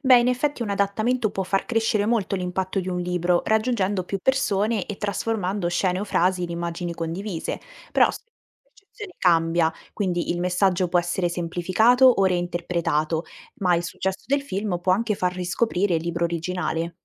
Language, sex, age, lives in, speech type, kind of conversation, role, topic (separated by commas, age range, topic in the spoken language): Italian, female, 18-19, Italy, read, expert-assistant, expert, Immagina che un libro molto amato dal pubblico venga considerato “brutto” dalla critica: di chi ti fideresti di più?